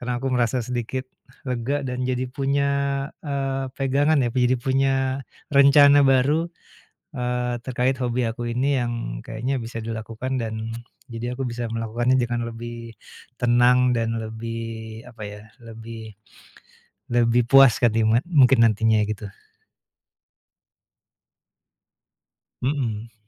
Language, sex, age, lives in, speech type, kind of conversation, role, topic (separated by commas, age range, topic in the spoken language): Indonesian, male, 45-49, Indonesia, conversation, advice, user, Bagaimana pengalamanmu dengan belanja impulsif yang merusak keuanganmu?